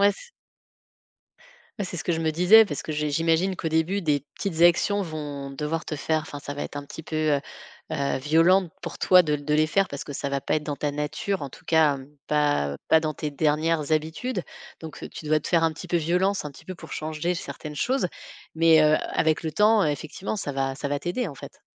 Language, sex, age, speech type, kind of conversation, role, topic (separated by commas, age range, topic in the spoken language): French, female, 35-39, conversation, podcast, host, Peux-tu raconter un moment où tu as dû désapprendre quelque chose ?